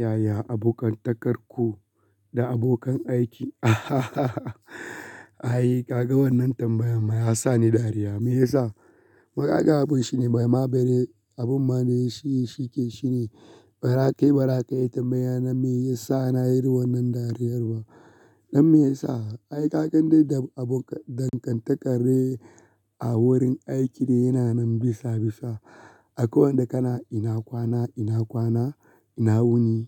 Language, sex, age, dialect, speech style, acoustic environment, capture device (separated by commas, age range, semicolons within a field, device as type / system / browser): Hausa, male, 25-34, Nigeria - Kano, relaxed; focused, silence; nature, mobile / Linux / Chrome